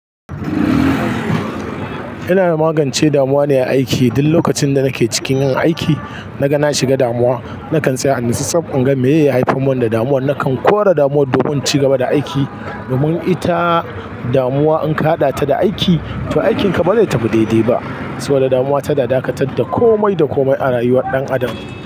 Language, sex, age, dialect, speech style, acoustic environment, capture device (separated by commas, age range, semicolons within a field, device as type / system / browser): Hausa, male, 35-44, Nigeria - Kano, focused, people talking, mobile / Linux / Chrome